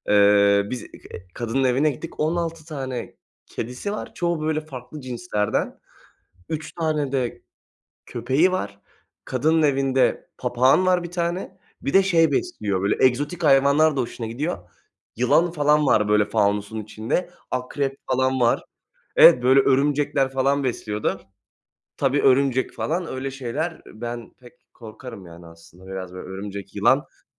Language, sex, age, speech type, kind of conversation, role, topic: Turkish, male, 20-24, conversation, unstructured, none, Hangi hayvan sizi en çok etkiliyor ve bu etkinin nedeni nedir?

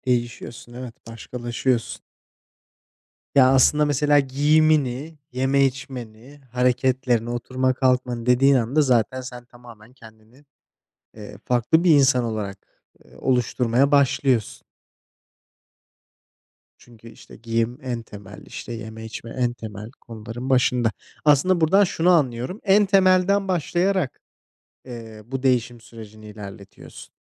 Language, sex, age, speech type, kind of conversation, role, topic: Turkish, male, 25-29, conversation, unstructured, none, Kendini ifade etmek senin için neden önemli?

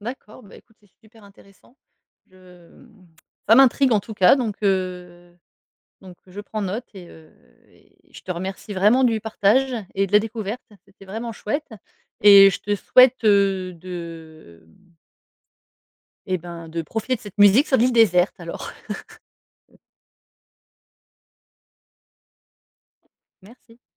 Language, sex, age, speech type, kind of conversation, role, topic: French, female, 40-44, conversation, podcast, host, Quel album emporterais-tu sur une île déserte ?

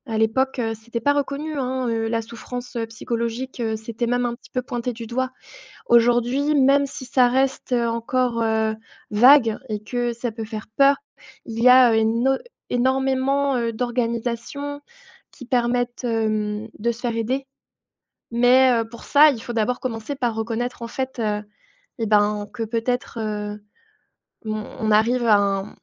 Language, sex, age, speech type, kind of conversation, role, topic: French, female, 30-34, conversation, unstructured, none, Pourquoi certaines personnes se mettent-elles en colère après une perte ?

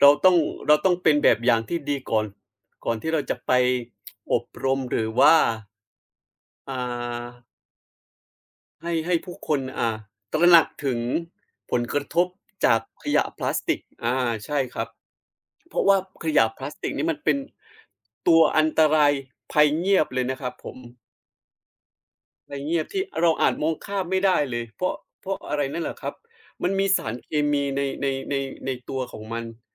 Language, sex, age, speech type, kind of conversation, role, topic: Thai, male, 30-34, conversation, unstructured, none, เราจะช่วยลดขยะพลาสติกได้อย่างไร?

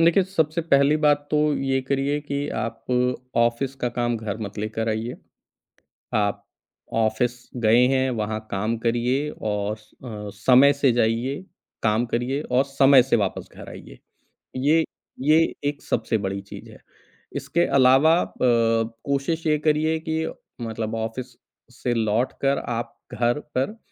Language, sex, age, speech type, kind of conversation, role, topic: Hindi, male, 40-44, conversation, podcast, guest, बर्नआउट से बचने के आपके तरीके क्या हैं?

